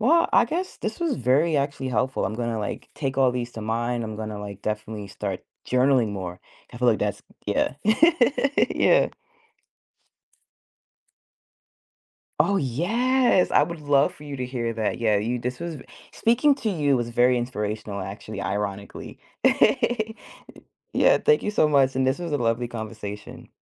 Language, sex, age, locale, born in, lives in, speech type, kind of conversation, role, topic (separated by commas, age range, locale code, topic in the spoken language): English, female, 30-34, en-US, United States, United States, conversation, advice, user, How can I turn my personal experiences into emotionally compelling song lyrics?